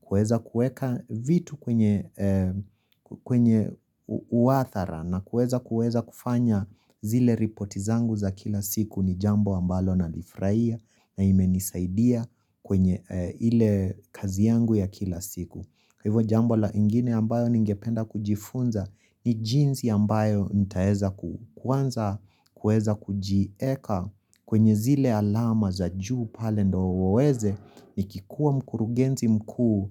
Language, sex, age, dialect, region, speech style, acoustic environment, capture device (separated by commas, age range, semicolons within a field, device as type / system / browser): Swahili, female, 25-34, Kenya - Nairobi Sheng-influenced, Kenya, happy; relaxed; excited; focused, silence, mobile / Linux / Chrome